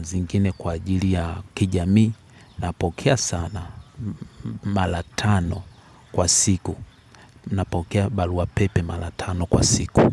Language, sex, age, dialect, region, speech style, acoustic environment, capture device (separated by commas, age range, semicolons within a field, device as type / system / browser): Swahili, male, 18-24, Tanzania - Dar es Salaam, Tanzania, happy; relaxed; excited; focused, people talking; nature, mobile / Linux / Chrome